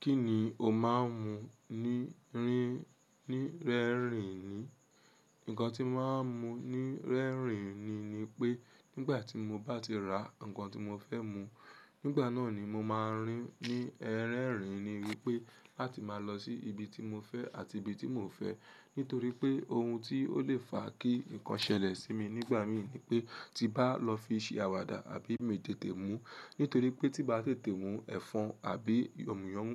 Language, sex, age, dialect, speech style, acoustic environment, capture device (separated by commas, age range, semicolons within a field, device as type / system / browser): Yoruba, female, 18-24, Nigeria - Lagos Yoruba, happy; relaxed, people talking; nature, mobile / Linux / Chrome